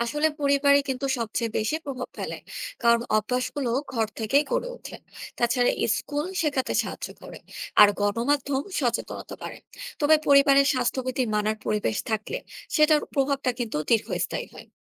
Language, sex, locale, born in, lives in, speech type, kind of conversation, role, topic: Bengali, female, bn-BD, Bangladesh, Bangladesh, read, expert-assistant, expert, পাবলিক প্লেসে সংক্রামক ব্যাধি প্রতিরোধে ব্যক্তিগত ও সামাজিক অভ্যাস কীভাবে গড়ে তুলতে পারি?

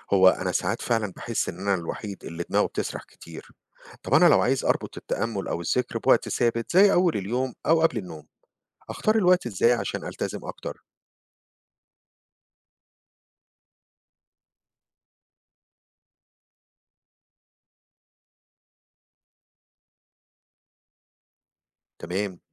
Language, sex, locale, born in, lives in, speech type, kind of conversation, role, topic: Arabic, male, ar-EG, Egypt, United States, read, expert-assistant, asker, إزاي أقدر أعمل خطة عملية علشان أدمج التأمل أو الذكر في روتيني اليومي وسط مشاغل الشغل والحياة؟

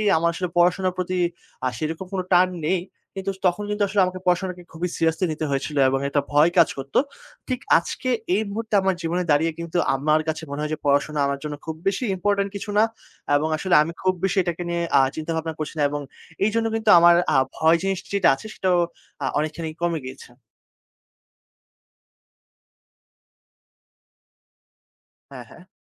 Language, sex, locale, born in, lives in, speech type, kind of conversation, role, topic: Bengali, male, bn-BD, Bangladesh, Bangladesh, conversation, podcast, guest, ভয়ের অজুহাতে কখন তুমি সুযোগ হাতছাড়া করেছ?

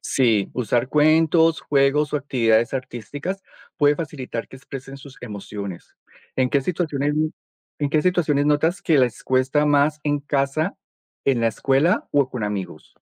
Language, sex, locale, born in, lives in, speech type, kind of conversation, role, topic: Spanish, male, es-CO, Colombia, United States, read, expert-assistant, expert, ¿Qué significa 'salud mental' y por qué es importante en la vida diaria?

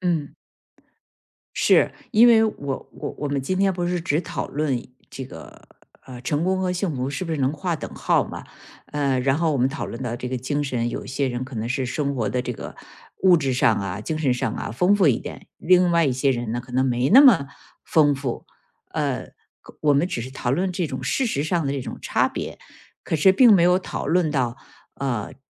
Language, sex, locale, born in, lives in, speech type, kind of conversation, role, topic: Chinese, female, zh-CN, China, United States, conversation, podcast, guest, 成功和幸福能划等号吗？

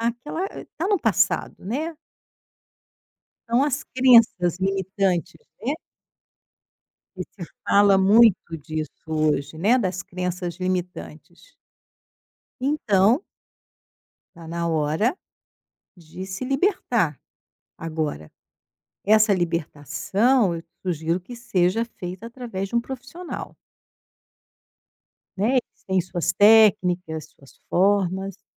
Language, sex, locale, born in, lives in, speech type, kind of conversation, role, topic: Portuguese, female, pt-BR, Brazil, Portugal, conversation, advice, advisor, Como você se sente ao gastar com lazer e autocuidado, mesmo quando isso gera culpa?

